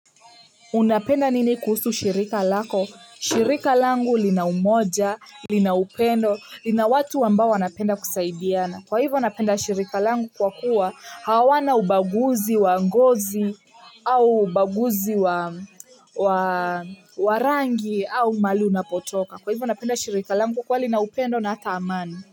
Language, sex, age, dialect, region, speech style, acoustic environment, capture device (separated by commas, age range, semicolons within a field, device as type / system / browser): Swahili, female, 18-24, Kenya - Nairobi Sheng-influenced, Kenya, happy, silence, mobile / Linux / Chrome